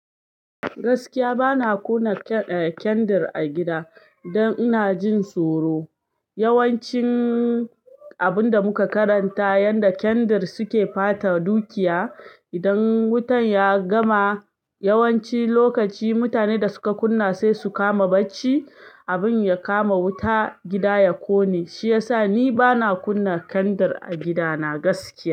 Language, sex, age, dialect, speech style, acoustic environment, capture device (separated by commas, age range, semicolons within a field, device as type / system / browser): Hausa, female, 25-34, Nigeria - Ibadan, happy; excited, silence, desktop / Linux / Chrome